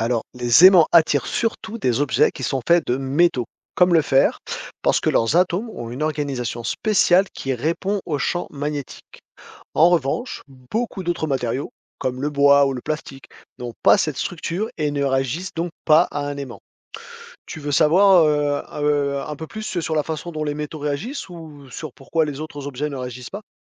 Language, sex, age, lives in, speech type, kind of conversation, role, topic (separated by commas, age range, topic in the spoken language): French, male, 40-44, France, read, expert-assistant, expert, Comment les aimants attirent-ils certains objets ?